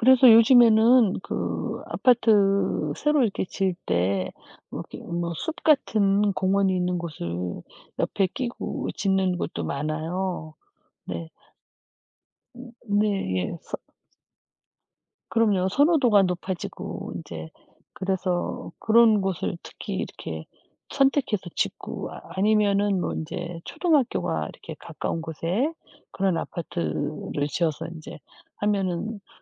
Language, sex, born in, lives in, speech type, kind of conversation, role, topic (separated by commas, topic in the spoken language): Korean, female, South Korea, South Korea, conversation, unstructured, none, 우리 동네에서 가장 좋아하는 장소는 어디인가요?